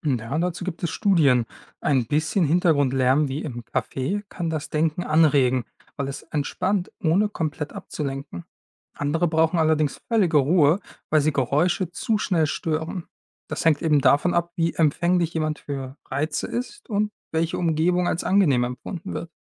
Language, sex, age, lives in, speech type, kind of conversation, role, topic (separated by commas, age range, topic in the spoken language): German, male, 25-29, Germany, read, expert-assistant, expert, Gibt es bekannte Autorinnen oder Autoren, deren Schreibprozesse besonders inspirierend sind und von denen man lernen kann?